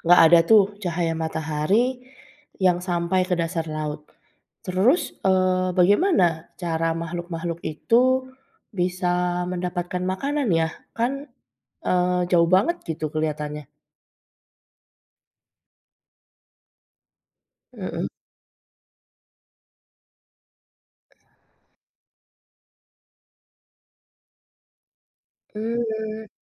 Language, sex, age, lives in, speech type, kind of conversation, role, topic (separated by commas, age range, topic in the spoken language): Indonesian, female, 40-44, Indonesia, read, expert-assistant, asker, Mengapa air laut terasa asin.